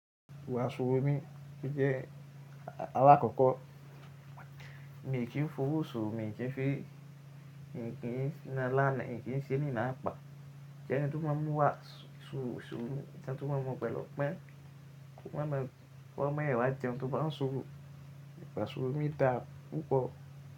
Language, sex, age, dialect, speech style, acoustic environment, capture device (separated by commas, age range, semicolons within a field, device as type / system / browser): Yoruba, male, 18-24, Nigeria - Ibadan, relaxed, silence, mobile / Linux / Chrome